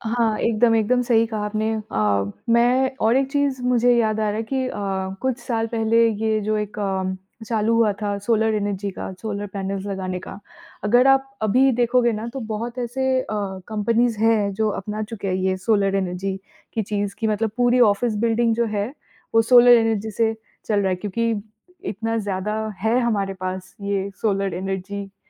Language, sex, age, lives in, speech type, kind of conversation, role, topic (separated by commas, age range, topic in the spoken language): Hindi, female, 30-34, United States, conversation, unstructured, none, आपके अनुसार प्रदूषण कम करने के लिए सबसे बड़ा कदम क्या हो सकता है?